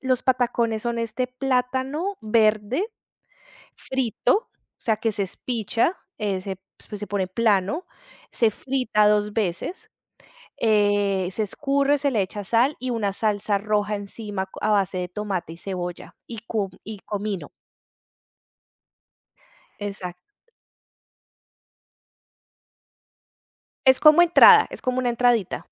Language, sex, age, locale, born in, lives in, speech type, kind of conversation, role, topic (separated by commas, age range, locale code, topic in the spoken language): Spanish, female, 35-39, es-CO, Colombia, Italy, conversation, podcast, guest, ¿Qué papel juega la comida para reunir a las personas?